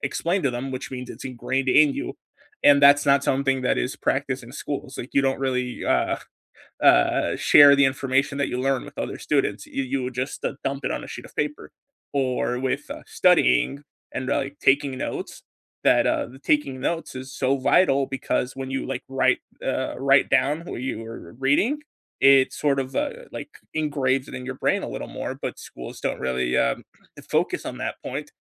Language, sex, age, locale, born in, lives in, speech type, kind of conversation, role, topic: English, male, 20-24, en-US, United States, United States, conversation, unstructured, none, What is the most surprising thing you have learned outside the classroom?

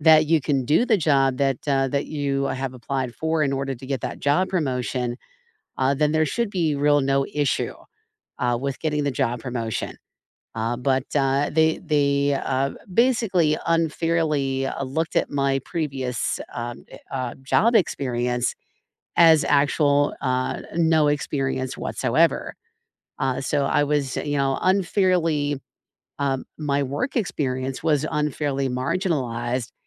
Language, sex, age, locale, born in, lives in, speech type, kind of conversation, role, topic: English, female, 45-49, en-US, United States, United States, conversation, unstructured, none, Have you ever been unfairly passed over for a promotion?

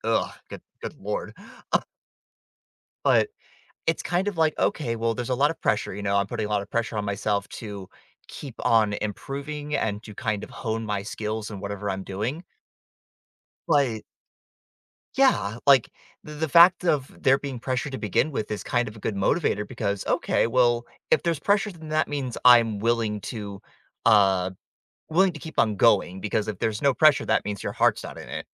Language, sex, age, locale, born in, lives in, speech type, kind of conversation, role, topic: English, male, 20-24, en-US, United States, United States, conversation, podcast, guest, What helps you keep working toward your goals even when it gets tough?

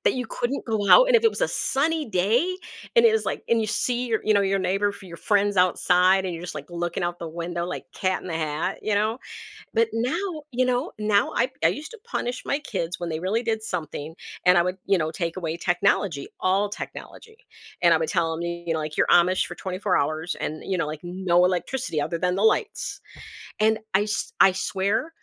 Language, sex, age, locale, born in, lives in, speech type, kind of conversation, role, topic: English, female, 55-59, en-US, United States, United States, conversation, podcast, host, How can people cope with sadness and take care of their emotional well-being?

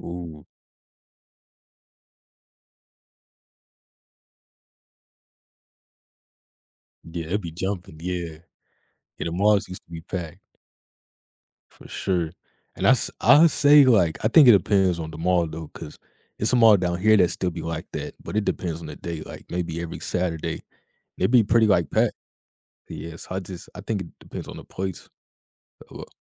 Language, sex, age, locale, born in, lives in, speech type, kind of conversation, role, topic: English, male, 20-24, en-US, United States, United States, conversation, podcast, host, What are some effective ways people build new friendships when they find themselves in unfamiliar places?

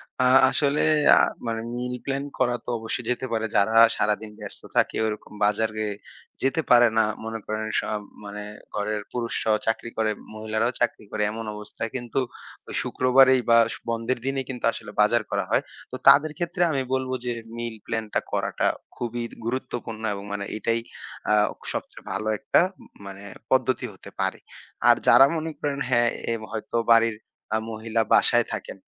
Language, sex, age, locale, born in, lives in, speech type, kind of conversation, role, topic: Bengali, male, 25-29, bn-BD, Bangladesh, Bangladesh, conversation, podcast, guest, দীর্ঘমেয়াদে খাবার বাঁচাতে এবং খাবারের অপচয় কমাতে আপনি কী করেন?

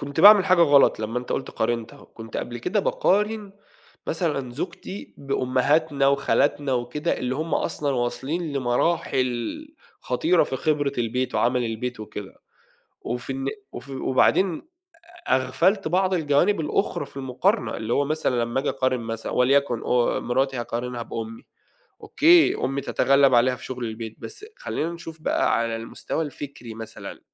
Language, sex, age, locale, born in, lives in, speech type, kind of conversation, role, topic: Arabic, male, 30-34, ar-EG, Saudi Arabia, Egypt, conversation, podcast, guest, إيه فايدة الاعتذار الصادق في بيتنا؟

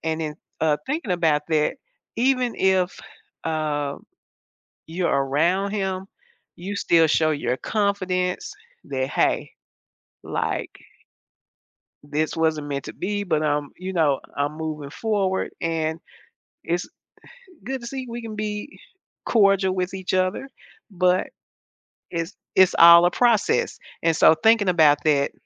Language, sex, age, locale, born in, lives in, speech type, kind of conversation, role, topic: English, female, 70-74, en-US, United States, United States, conversation, advice, advisor, How can I cope with frustration when my healing is slower than expected?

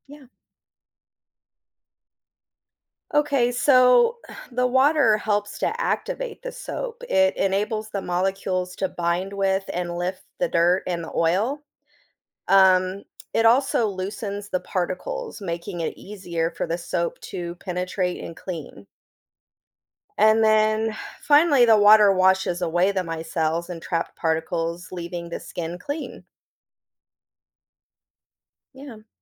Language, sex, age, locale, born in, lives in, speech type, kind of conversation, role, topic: English, female, 40-44, en-US, United States, United States, read, expert-assistant, expert, What makes soap clean your skin?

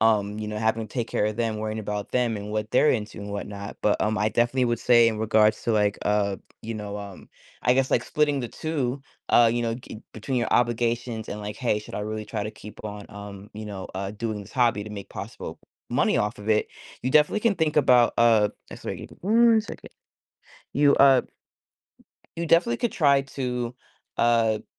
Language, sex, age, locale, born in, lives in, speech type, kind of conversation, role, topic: English, female, 30-34, en-US, United States, United States, conversation, advice, advisor, How can I balance hobbies with responsibilities?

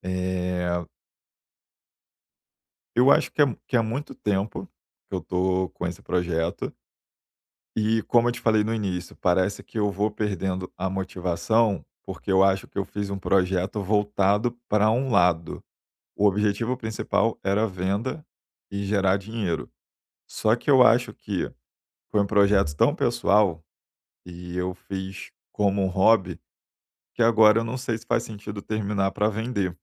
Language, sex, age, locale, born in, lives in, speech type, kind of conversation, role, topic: Portuguese, male, 35-39, pt-BR, Brazil, Germany, conversation, advice, user, Como posso encontrar motivação para concluir projetos inacabados?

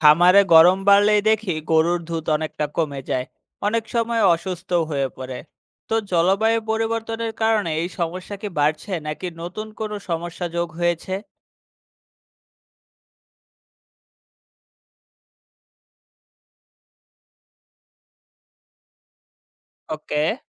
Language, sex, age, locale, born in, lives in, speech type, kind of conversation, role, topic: Bengali, male, 25-29, bn-BD, Bangladesh, Bangladesh, read, expert-assistant, asker, জলবায়ু পরিবর্তনের ফলে গবাদিপশু ব্যবস্থাপনায় কী ধরনের চ্যালেঞ্জ তৈরি হয়েছে, এবং খামারিরা কীভাবে এসব চ্যালেঞ্জ মোকাবিলা করছেন?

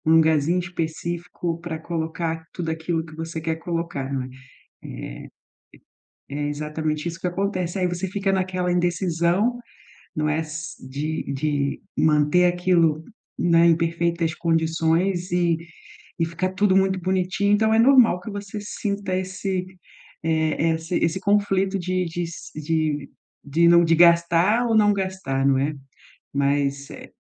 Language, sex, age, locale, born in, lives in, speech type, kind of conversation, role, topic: Portuguese, female, 40-44, pt-BR, Brazil, Portugal, conversation, advice, advisor, Como posso equilibrar o meu desejo por uma vida simples com a conveniência de comprar coisas?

